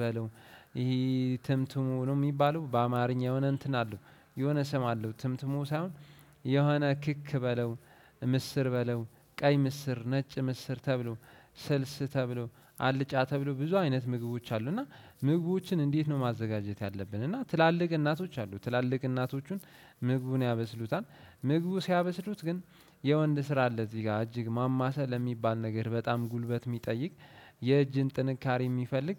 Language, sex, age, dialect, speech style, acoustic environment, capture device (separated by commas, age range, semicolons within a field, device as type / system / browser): Amharic, male, 18-24, Ethiopia - Addis Ababa, relaxed; focused, silence; nature, desktop / Windows / Chrome